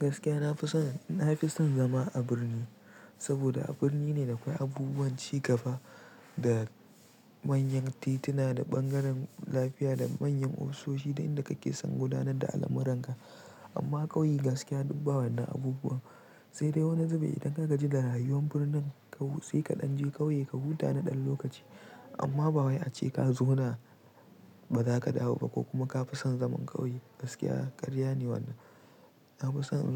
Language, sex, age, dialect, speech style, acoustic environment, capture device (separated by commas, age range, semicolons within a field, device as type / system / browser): Hausa, male, 18-24, Nigeria - Pidgin-influenced, relaxed; tired; stressed; focused, silence; nature, mobile / Linux / Chrome